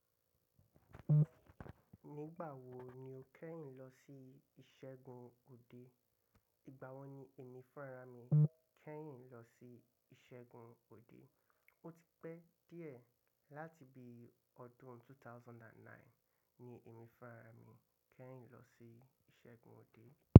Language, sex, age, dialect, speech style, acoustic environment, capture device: Yoruba, male, 25-34, Nigeria - Lagos Yoruba, relaxed, nature, mobile / macOS / Safari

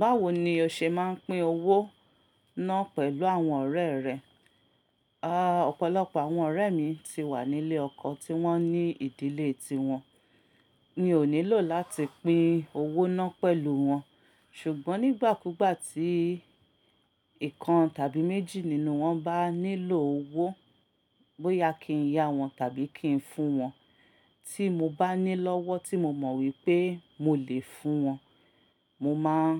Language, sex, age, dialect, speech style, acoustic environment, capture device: Yoruba, female, 35-44, Nigeria - Ibadan, focused, silence, mobile / Linux / Chrome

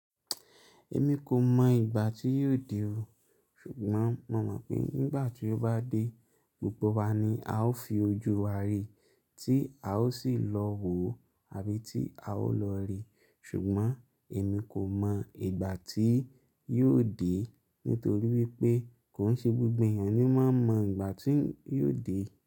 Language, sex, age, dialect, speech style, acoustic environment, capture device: Yoruba, male, 25-34, Nigeria - Lagos Yoruba, relaxed; happy, silence, mobile / Linux / Chrome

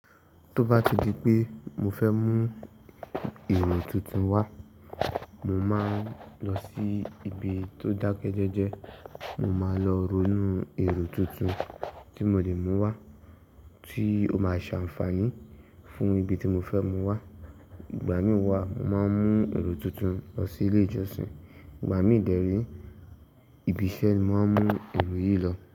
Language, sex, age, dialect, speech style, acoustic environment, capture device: Yoruba, male, 18-24, Nigeria - Ibadan, relaxed, silence; nature, mobile / Linux / Chrome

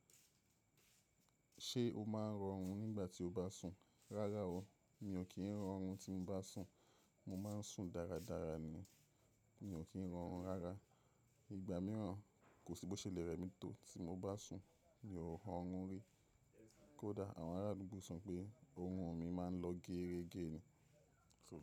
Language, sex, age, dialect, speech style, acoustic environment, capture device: Yoruba, male, 25-34, Nigeria - Nigerian Standard, relaxed, silence, mobile / macOS / Safari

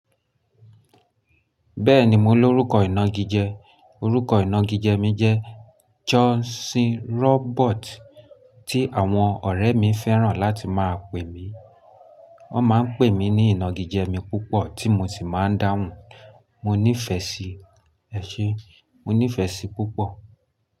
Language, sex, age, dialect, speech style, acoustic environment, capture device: Yoruba, male, 25-34, Nigeria - Lagos Yoruba, happy, nature; silence, mobile / Linux / Chrome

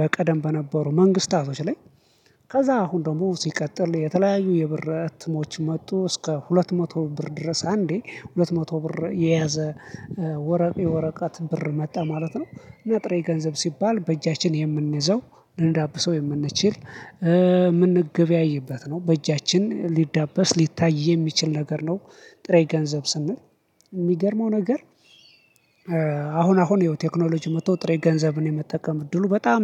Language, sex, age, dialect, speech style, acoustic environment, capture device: Amharic, male, 25-34, Ethiopia - Addis Ababa, excited, silence, mobile / Linux / Chrome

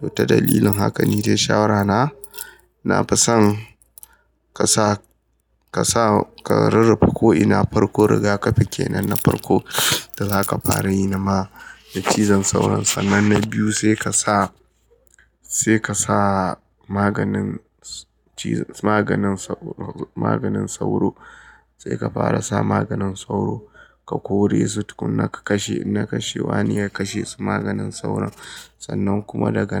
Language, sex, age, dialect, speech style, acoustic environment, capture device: Hausa, male, 25-34, Nigeria - Kano, happy; excited, people talking; appliances, mobile / Linux / Chrome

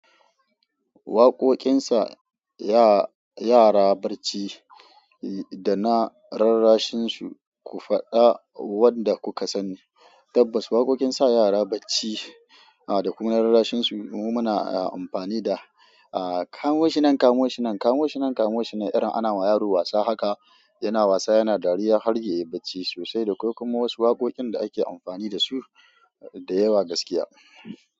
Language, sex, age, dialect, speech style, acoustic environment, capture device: Hausa, female, 25-34, Nigeria - Kano, excited; focused; happy, silence, mobile / Android / Chrome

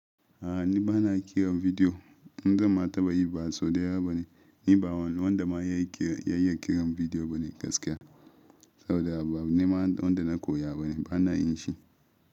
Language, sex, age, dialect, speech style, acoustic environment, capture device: Hausa, male, 25-34, Nigeria - Ibadan, happy, silence, mobile / Linux / Chrome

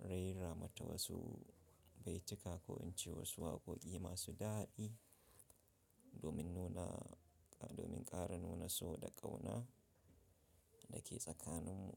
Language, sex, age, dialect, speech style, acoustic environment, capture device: Hausa, male, 25-34, Nigeria - Nigerian Standard, happy; focused, silence, mobile / Linux / Chrome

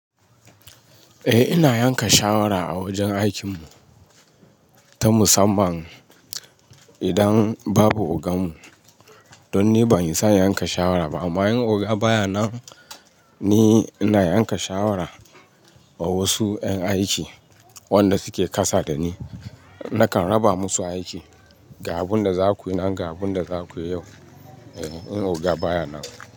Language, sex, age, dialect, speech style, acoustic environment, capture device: Hausa, male, 35-44, Nigeria - Ibadan, happy; focused, nature, mobile / Linux / Chrome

